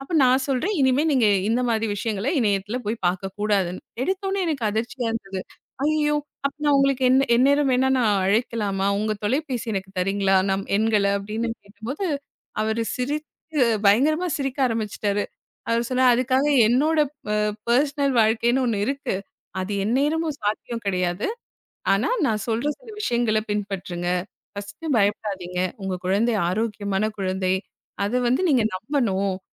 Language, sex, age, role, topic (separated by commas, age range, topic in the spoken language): Tamil, female, 35-39, guest, இணையம் மற்றும் சமூக ஊடகங்களில் நேரத்தை கட்டுப்படுத்த ఎలా செய்கிறீர்கள்?